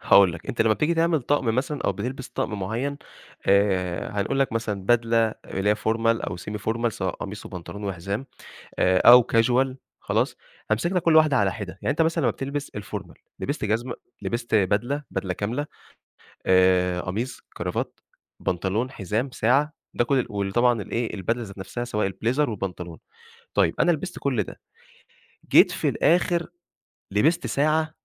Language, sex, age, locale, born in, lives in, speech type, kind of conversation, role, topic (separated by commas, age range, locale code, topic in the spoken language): Arabic, male, 25-29, ar-EG, Egypt, Egypt, conversation, podcast, guest, إزاي بتلبس عشان تبيّن شخصيتك من غير ما تتكلم؟